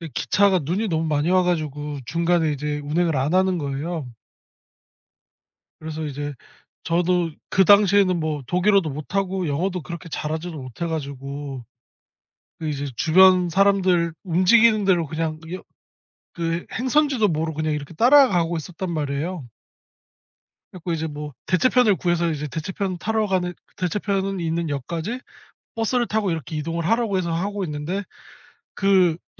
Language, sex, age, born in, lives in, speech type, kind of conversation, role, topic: Korean, male, 30-34, South Korea, South Korea, conversation, podcast, guest, 혼자 떠난 짧은 여행에서 가장 기억에 남는 순간을 하나 들려주실래요?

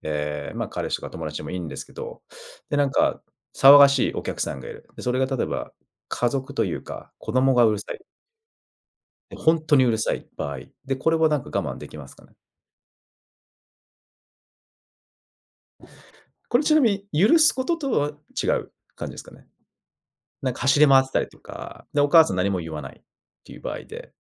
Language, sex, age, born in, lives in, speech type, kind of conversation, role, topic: Japanese, male, 35-39, Japan, Japan, conversation, podcast, host, 許すことと我慢することは、具体的にどう違うのでしょうか？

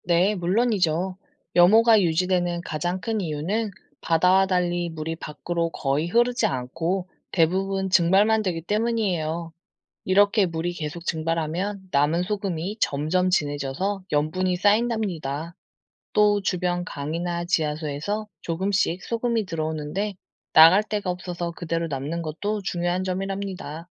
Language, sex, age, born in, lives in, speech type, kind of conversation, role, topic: Korean, female, 35-39, South Korea, South Korea, read, expert-assistant, expert, 왜 호수는 바다보다 짜지 않나요?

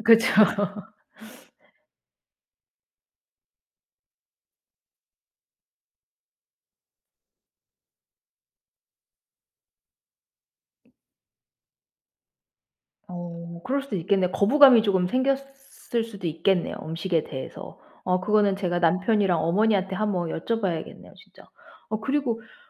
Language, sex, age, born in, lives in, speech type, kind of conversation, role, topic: Korean, female, 40-44, United States, United States, conversation, advice, user, 아이 또는 배우자의 편식 때문에 식사 준비가 갈등이 될 때 어떻게 해결해야 하나요?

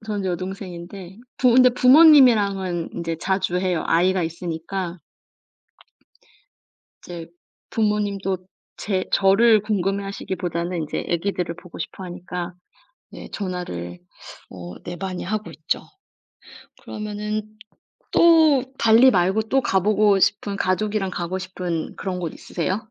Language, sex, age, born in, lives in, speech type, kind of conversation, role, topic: Korean, female, 35-39, South Korea, Germany, conversation, unstructured, none, 미래에 가족과 어떤 시간을 보내고 싶으신가요?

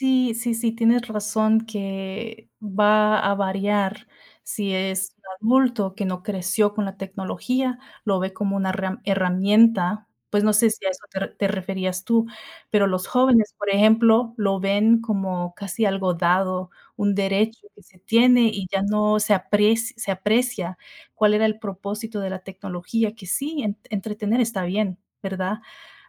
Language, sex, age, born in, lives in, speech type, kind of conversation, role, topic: Spanish, female, 30-34, United States, United States, conversation, unstructured, none, ¿Cómo crees que la tecnología cambia nuestra vida diaria?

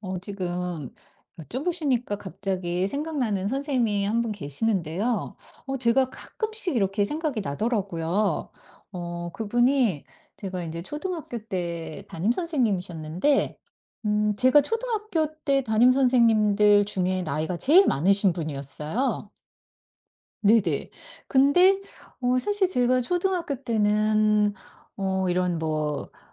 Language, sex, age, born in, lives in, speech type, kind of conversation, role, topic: Korean, female, 50-54, South Korea, United States, conversation, podcast, guest, 기억에 남는 스승이나 선생님이 있으신가요?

